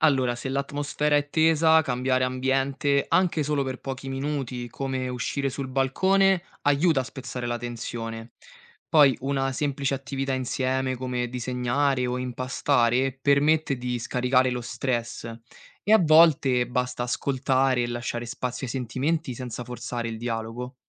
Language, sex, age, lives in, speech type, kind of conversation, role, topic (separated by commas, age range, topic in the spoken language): Italian, male, 25-29, Italy, read, expert-assistant, expert, Quali strategie pratiche posso usare ogni giorno per gestire meglio lo stress mentale?